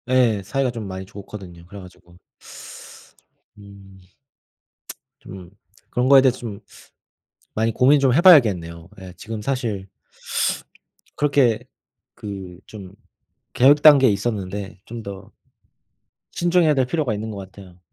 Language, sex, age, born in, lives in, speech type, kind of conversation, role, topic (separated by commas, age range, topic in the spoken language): Korean, male, 30-34, South Korea, Germany, conversation, unstructured, none, 가족과 함께한 추억 중 가장 즐거웠던 순간은 언제였나요?